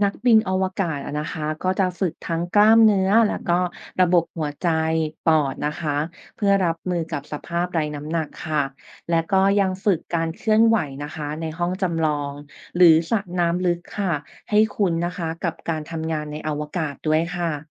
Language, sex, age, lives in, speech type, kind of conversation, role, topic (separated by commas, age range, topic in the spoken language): Thai, female, 30-34, Thailand, read, expert-assistant, expert, ถ้าคุณอยากวางแผนเดินทางไปอวกาศกับเพื่อน ๆ จะเริ่มต้นเตรียมตัวและจัดการอะไรบ้าง?